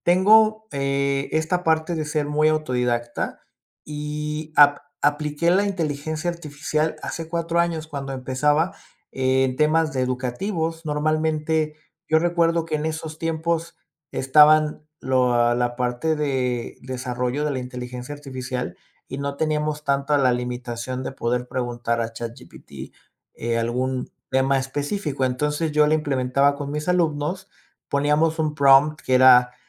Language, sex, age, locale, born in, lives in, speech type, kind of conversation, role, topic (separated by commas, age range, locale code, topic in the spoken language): Spanish, male, 30-34, es-MX, Mexico, Mexico, conversation, podcast, guest, ¿Cómo afectará la tecnología a los trabajos de siempre?